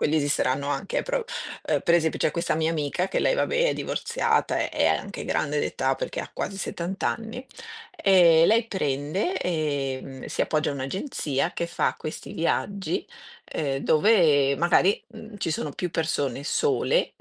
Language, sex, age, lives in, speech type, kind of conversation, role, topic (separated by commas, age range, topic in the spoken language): Italian, female, 50-54, Italy, conversation, unstructured, none, Preferisci viaggiare da solo o in compagnia?